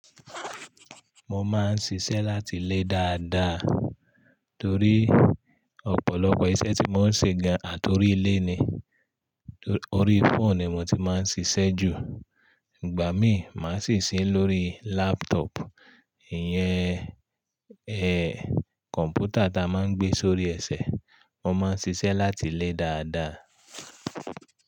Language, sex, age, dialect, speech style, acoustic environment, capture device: Yoruba, male, 35-44, Nigeria - Ibadan, relaxed, nature, mobile / Linux / Chrome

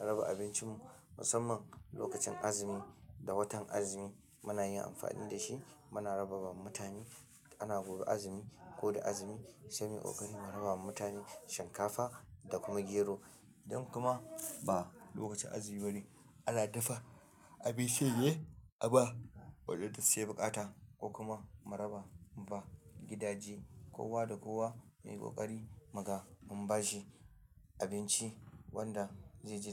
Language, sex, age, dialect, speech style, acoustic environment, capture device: Hausa, male, 25-34, Nigeria - Kano, tired, silence, mobile / Linux / Chrome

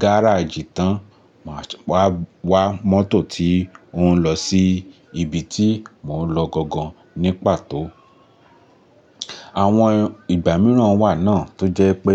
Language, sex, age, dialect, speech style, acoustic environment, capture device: Yoruba, male, 25-34, Nigeria - Lagos Yoruba, focused; relaxed, nature; appliances, mobile / Linux / Chrome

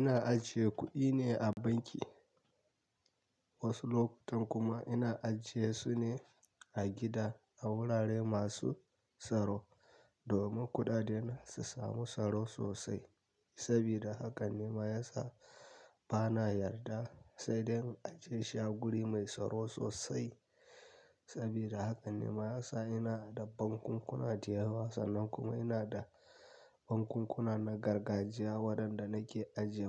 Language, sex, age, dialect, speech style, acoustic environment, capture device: Hausa, male, 25-34, Nigeria - Kano, relaxed; tired; anxious; happy; nervous; excited; focused; stressed, silence; nature; music; people talking; appliances; construction; traffic, mobile / Linux / Chrome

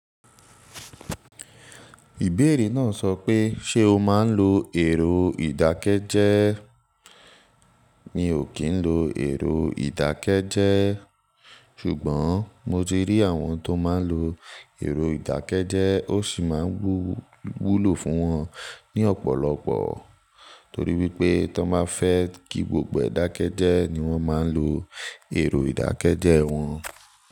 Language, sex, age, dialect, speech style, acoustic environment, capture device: Yoruba, male, 18-24, Nigeria - Nigerian Standard, focused; relaxed, silence; nature, mobile / Linux / Chrome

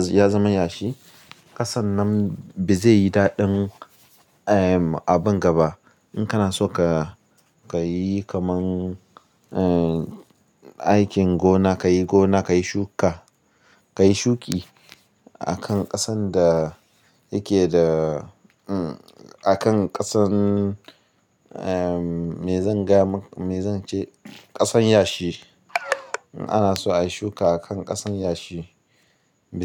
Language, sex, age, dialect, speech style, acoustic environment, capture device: Hausa, male, 25-34, Nigeria - Ibadan, anxious; happy; stressed, nature; appliances, mobile / Linux / Chrome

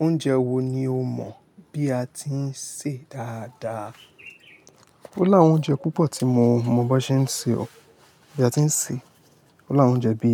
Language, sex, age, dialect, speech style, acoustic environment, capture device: Yoruba, male, 25-34, Nigeria - Lagos Yoruba, tired, nature, mobile / Linux / Chrome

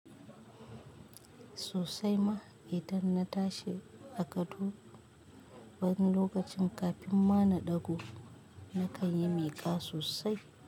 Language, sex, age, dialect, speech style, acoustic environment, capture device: Hausa, female, 25-34, Nigeria - Kano, relaxed; excited; focused; anxious; happy, nature; silence, mobile / Linux / Chrome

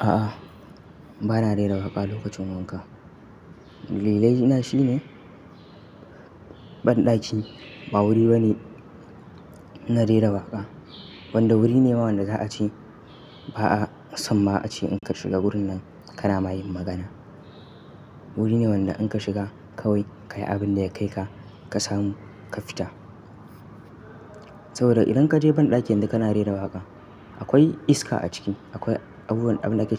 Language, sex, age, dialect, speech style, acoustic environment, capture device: Hausa, male, 18-24, Nigeria - Nigerian Standard, happy; focused, nature, mobile / Linux / Chrome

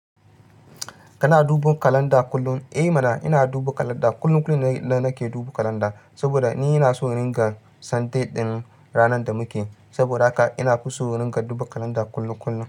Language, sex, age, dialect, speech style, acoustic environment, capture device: Hausa, male, 25-34, Nigeria - Ibadan, focused, nature, mobile / Linux / Chrome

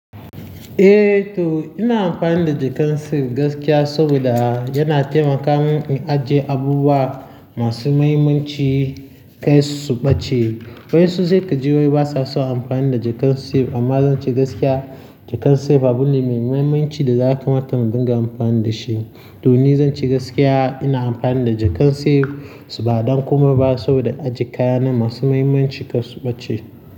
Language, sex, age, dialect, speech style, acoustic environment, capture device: Hausa, male, 18-24, Nigeria - Nigerian Standard, happy; nervous; excited, people talking; traffic; construction, mobile / Linux / Chrome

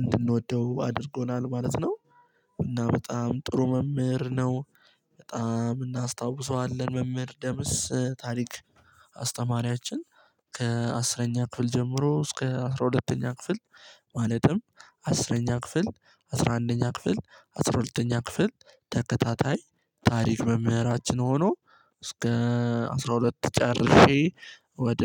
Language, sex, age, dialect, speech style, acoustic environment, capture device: Amharic, male, 18-24, Ethiopia - Addis Ababa, excited, silence, mobile / Linux / Chrome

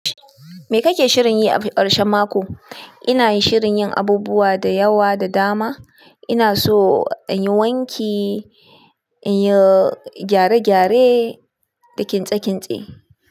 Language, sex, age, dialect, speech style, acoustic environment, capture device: Hausa, female, 25-34, Nigeria - Kano, anxious; nervous, people talking; appliances, desktop / Linux / Chrome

